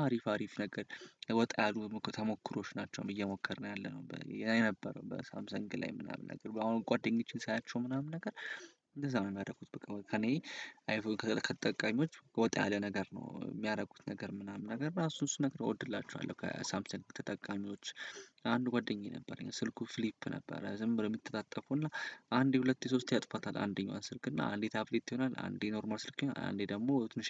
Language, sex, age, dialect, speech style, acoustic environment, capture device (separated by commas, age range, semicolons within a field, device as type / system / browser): Amharic, male, 18-24, Ethiopia - Addis Ababa, happy, silence, desktop / Windows / Chrome